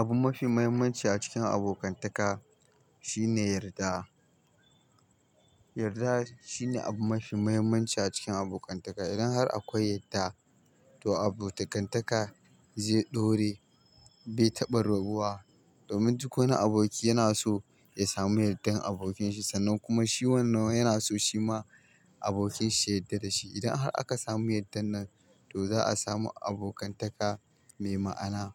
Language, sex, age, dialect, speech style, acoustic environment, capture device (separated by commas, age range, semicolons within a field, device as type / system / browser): Hausa, male, 25-34, Nigeria - Kano, happy, silence; nature, mobile / Linux / Chrome